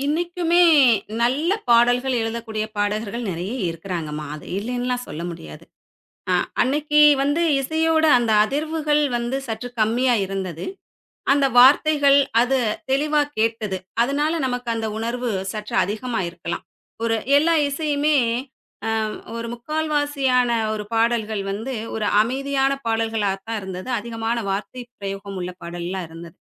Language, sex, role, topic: Tamil, female, guest, காலப்போக்கில் உங்கள் இசை ரசனை எப்படி மாறியது?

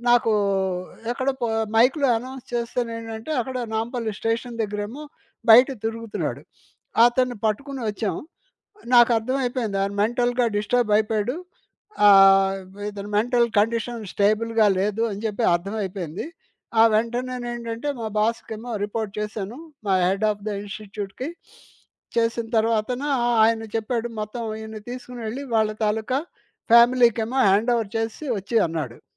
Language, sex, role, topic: Telugu, male, guest, అనుకోకుండా మీకు వచ్చిన కొత్త బాధ్యతను మీరు ఎలా సమాళించుకున్నారు?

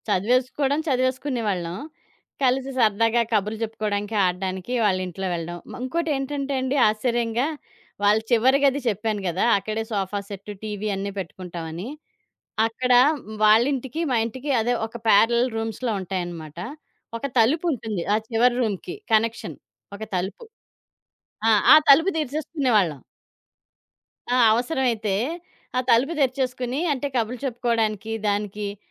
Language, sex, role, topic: Telugu, female, guest, పక్కింటి వాళ్లతో మీరు పంచుకున్న మంచి జ్ఞాపకం ఏది?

